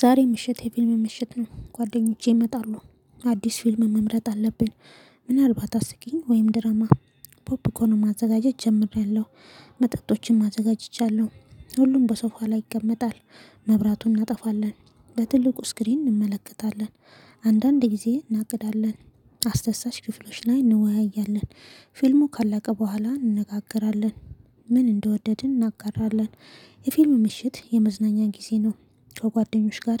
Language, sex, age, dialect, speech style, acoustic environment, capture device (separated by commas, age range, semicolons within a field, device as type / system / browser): Amharic, female, 25-34, Ethiopia - Addis Ababa, excited, silence, mobile / Linux / Chrome